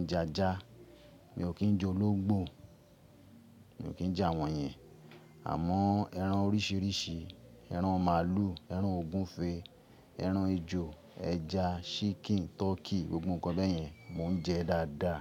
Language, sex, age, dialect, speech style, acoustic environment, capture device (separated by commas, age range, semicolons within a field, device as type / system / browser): Yoruba, male, 35-44, Nigeria - Ibadan, focused, appliances; music; people talking; nature, mobile / Linux / Chrome